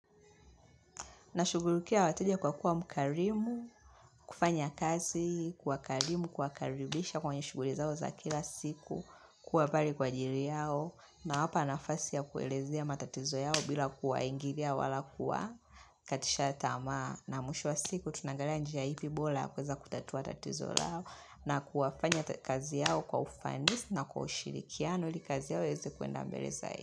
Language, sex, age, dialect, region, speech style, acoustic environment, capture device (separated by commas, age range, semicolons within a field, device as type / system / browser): Swahili, female, 25-34, Tanzania - Dar es Salaam, Tanzania, relaxed; focused, appliances; music; people talking; nature; silence, mobile / Linux / Chrome